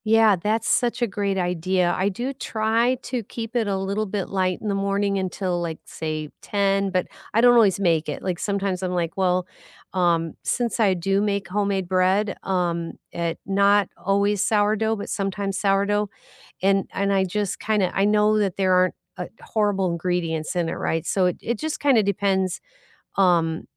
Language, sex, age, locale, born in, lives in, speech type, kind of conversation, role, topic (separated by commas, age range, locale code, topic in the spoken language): English, female, 60-64, en-US, United States, United States, conversation, unstructured, none, What does a nourishing meal look like to you?